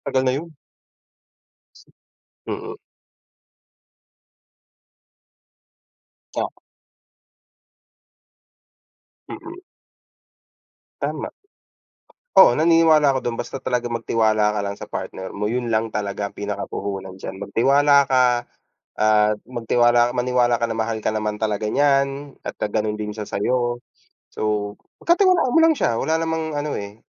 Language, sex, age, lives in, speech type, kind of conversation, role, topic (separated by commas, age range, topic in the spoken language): Filipino, male, 30-34, Philippines, conversation, unstructured, none, Paano mo malalaman kung handa ka na sa isang seryosong relasyon, at ano ang pinakamahalagang katangian na hinahanap mo sa magiging kapareha mo?